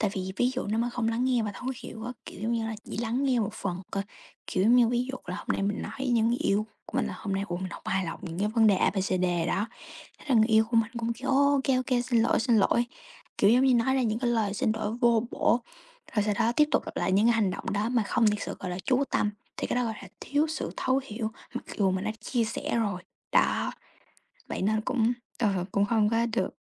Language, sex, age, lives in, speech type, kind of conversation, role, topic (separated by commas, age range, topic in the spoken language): Vietnamese, female, 18-19, United States, conversation, unstructured, none, Bạn nghĩ điều gì quan trọng nhất trong một mối quan hệ?